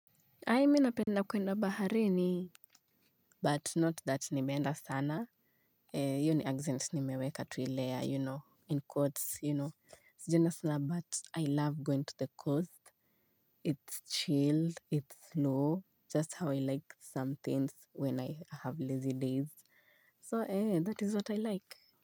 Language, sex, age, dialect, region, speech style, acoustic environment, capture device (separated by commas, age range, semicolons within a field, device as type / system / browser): Swahili, female, 25-34, Kenya - Nairobi Sheng-influenced, Kenya, happy, silence, mobile / Linux / Chrome